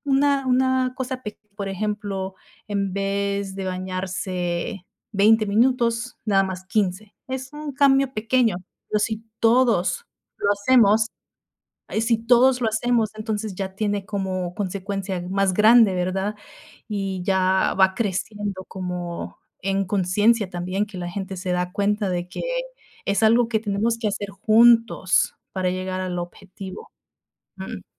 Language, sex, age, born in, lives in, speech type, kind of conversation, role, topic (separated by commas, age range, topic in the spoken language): Spanish, female, 30-34, United States, United States, conversation, unstructured, none, ¿Qué hábitos diarios podríamos cambiar para ayudar al medio ambiente?